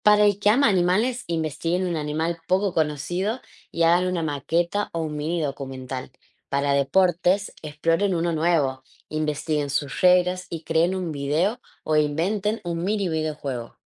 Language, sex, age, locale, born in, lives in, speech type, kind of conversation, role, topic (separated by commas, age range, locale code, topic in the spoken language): Spanish, female, 25-29, es-AR, Argentina, Netherlands, read, expert-assistant, expert, ¿Cómo podríamos hacer que aprender algo nuevo sea más fácil y divertido?